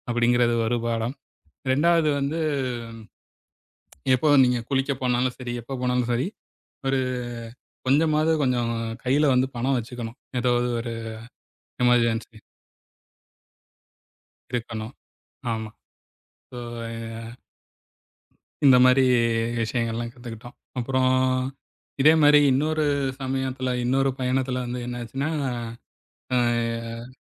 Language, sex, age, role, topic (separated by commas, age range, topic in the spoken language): Tamil, male, 40-44, guest, பயணத்தில் நீங்கள் கற்றுக்கொண்ட மிக முக்கியமான பாடம் என்ன?